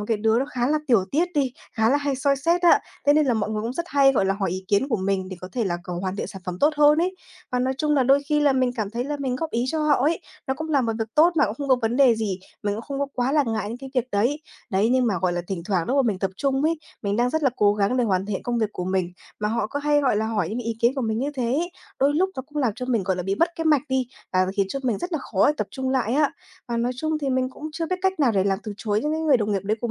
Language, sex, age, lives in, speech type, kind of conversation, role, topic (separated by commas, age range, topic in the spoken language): Vietnamese, female, 20-24, Vietnam, conversation, advice, user, Làm thế nào để tôi học cách từ chối người khác nhằm bảo vệ thời gian tập trung của mình?